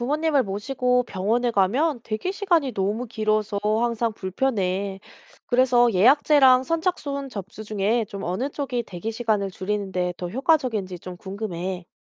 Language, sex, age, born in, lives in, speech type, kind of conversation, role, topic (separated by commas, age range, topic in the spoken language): Korean, female, 30-34, South Korea, South Korea, read, expert-assistant, asker, 진료 대기 시간을 줄이는 데 예약제와 선착순 접수, 어느 방식이 더 효율적일까요?